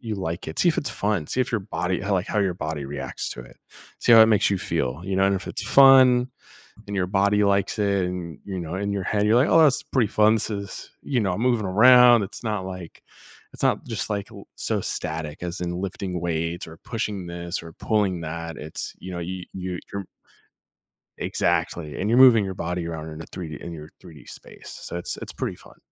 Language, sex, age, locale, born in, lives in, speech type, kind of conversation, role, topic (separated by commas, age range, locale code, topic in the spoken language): English, male, 30-34, en-US, United States, United States, conversation, podcast, guest, In what ways can regular physical activity improve our overall well-being?